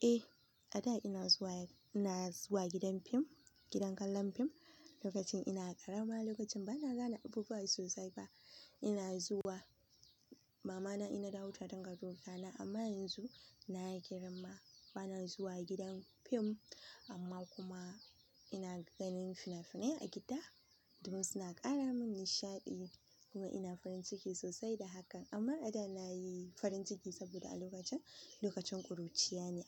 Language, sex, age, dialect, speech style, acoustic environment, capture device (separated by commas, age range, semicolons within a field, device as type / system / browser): Hausa, female, 18-24, Nigeria - Kano, happy, nature, mobile / Linux / Chrome